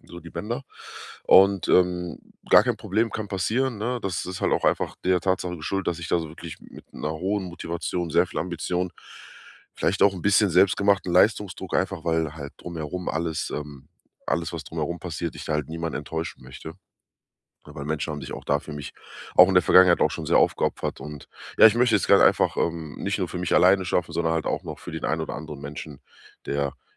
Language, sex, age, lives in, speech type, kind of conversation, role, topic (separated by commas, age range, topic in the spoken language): German, male, 30-34, Germany, conversation, advice, user, Wie kann ich mental besser mit Rückschlägen im Sport umgehen?